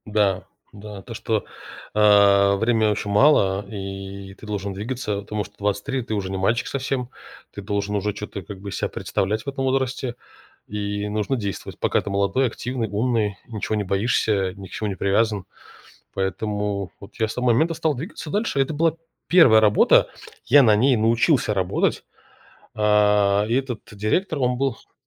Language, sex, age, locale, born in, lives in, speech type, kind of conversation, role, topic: Russian, male, 35-39, ru-RU, Russia, United States, conversation, podcast, guest, Расскажи о встрече, которая изменила тебя?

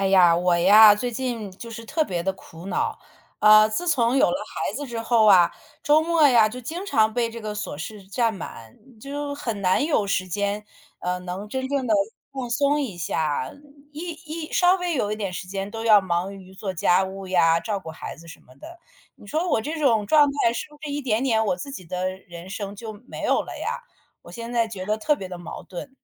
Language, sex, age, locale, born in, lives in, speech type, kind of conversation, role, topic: Chinese, female, 40-44, zh-CN, China, United States, conversation, advice, user, 周末总被琐事占满，我该怎么安排时间才能真正放松？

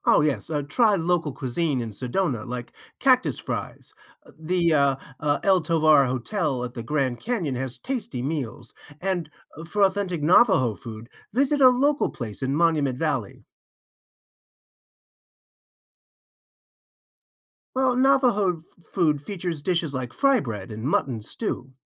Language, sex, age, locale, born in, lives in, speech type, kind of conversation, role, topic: English, male, 55-59, en-US, United States, United States, read, expert-assistant, expert, What are the best stops on a 3-day Arizona road trip?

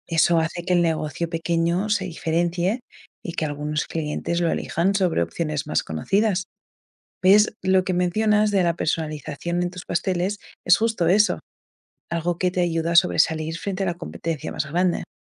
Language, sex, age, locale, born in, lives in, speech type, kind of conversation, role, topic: Spanish, female, 25-29, es-ES, Spain, United States, read, expert-assistant, expert, ¿Cómo puedo destacar mi pastelería personalizando pasteles y aprovechando recomendaciones?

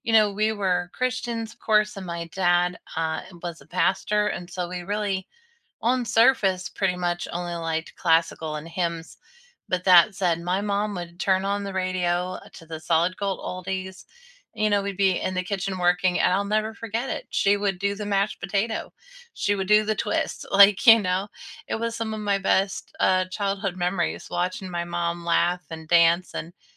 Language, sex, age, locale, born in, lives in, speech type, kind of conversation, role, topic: English, female, 40-44, en-US, United States, United States, conversation, unstructured, none, How do you discover new music—through friends, algorithms, or serendipity?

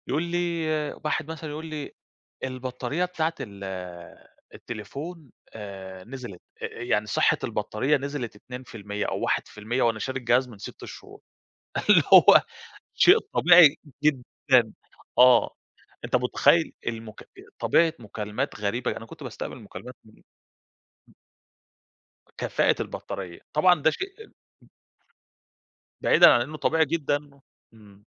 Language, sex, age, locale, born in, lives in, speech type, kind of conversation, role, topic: Arabic, male, 30-34, ar-EG, Egypt, Greece, conversation, podcast, guest, إزاي السوشيال ميديا بتأثر على حكاياتنا اليومية؟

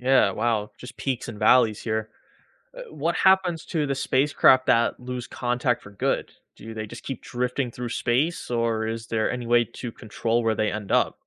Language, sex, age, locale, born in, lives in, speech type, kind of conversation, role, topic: English, male, 25-29, en-US, United States, United States, read, expert-assistant, asker, What are the benefits and challenges of space exploration?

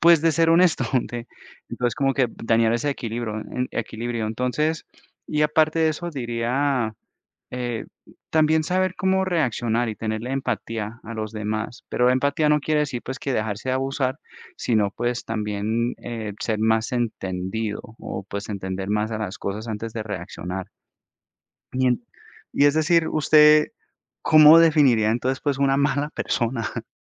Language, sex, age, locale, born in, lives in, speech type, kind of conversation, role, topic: Spanish, male, 30-34, es-CO, United States, United States, conversation, unstructured, none, ¿Qué significa para ti ser una buena persona?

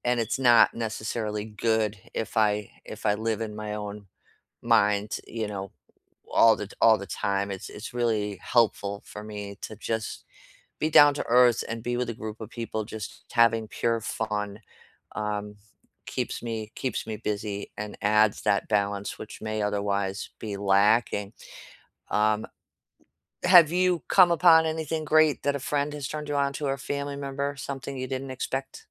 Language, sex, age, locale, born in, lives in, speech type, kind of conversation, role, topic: English, female, 55-59, en-US, United States, United States, conversation, unstructured, none, Which everyday habit reveals the most about your values and identity?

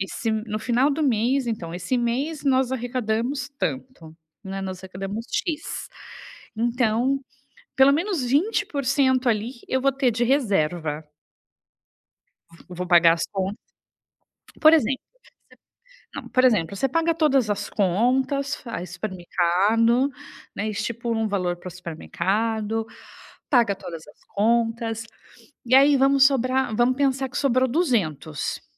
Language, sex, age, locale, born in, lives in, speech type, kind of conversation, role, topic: Portuguese, female, 35-39, pt-BR, Brazil, Italy, conversation, advice, advisor, Quais gastos impulsivos estão sabotando o seu orçamento?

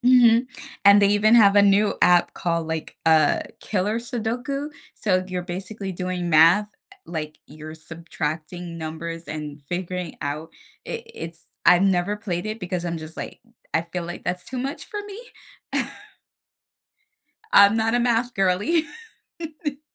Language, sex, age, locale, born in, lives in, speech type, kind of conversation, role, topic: English, female, 30-34, en-US, United States, United States, conversation, podcast, guest, What are some practical habits that can help manage stress in everyday life?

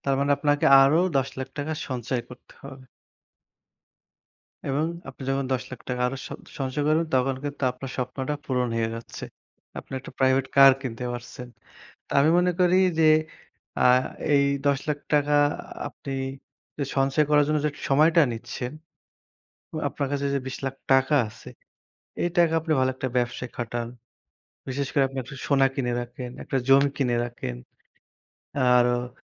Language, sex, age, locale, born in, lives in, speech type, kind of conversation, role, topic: Bengali, male, 25-29, bn-BD, Bangladesh, Bangladesh, conversation, unstructured, none, টাকা সঞ্চয় করে আপনি কীভাবে আপনার স্বপ্ন পূরণ করেন?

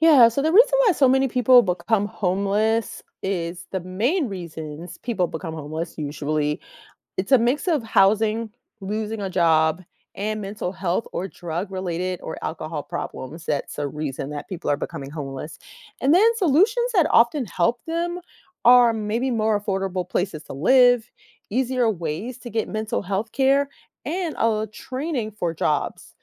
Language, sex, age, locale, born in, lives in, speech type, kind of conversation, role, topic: English, female, 45-49, en-US, United States, United States, read, expert-assistant, expert, What causes homelessness and how can it be reduced?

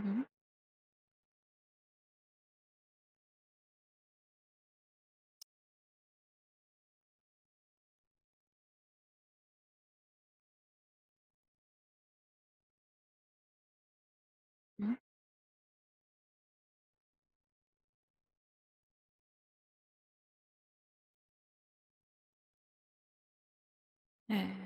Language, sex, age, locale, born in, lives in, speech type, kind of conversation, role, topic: Bengali, female, 20-24, bn-BD, Bangladesh, Bangladesh, conversation, advice, advisor, আগের মতো কাজে আনন্দ না পেয়ে সবকিছু শূন্য মনে হওয়ার অনুভূতি কেন হচ্ছে?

